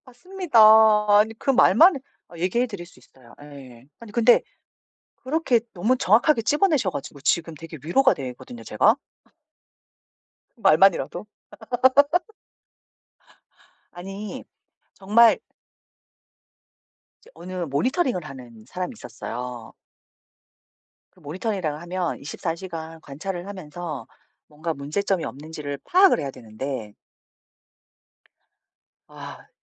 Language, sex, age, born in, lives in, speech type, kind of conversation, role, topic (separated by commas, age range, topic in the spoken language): Korean, female, 40-44, South Korea, South Korea, conversation, advice, user, 불확실한 상황을 어떻게 받아들이고 감정을 잘 관리할 수 있을까요?